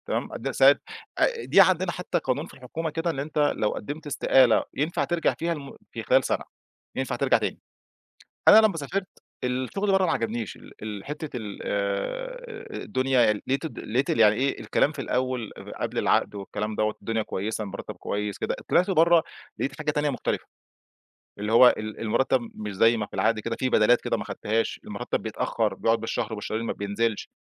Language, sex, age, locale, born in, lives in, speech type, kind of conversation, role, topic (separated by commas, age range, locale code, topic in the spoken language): Arabic, male, 35-39, ar-EG, Egypt, Egypt, conversation, podcast, guest, إيه نصيحتك لحد بيفكر يغير مهنته؟